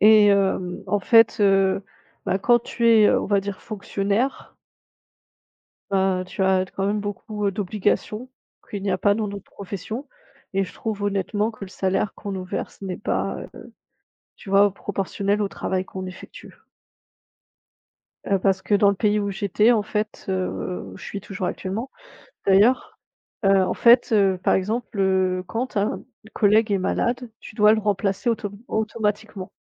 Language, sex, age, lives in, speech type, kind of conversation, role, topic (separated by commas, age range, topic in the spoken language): French, female, 30-34, Germany, conversation, unstructured, none, As-tu déjà eu l’impression d’être exploité dans ton travail ?